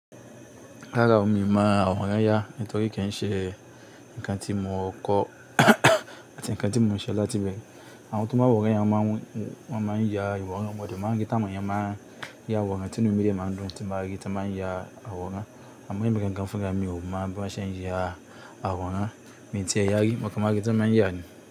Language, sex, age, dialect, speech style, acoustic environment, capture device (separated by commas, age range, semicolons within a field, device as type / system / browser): Yoruba, male, 25-34, Nigeria - Kano, tired; anxious; nervous, nature, desktop / Linux / Chrome